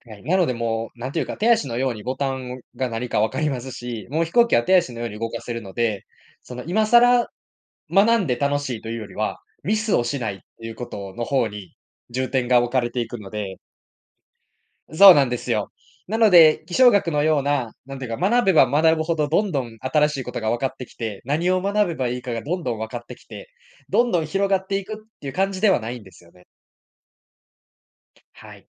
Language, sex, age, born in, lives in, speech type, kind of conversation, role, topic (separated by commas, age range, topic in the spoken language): Japanese, male, 20-24, Japan, Japan, conversation, podcast, guest, 勉強がワクワクするのはどんなときですか？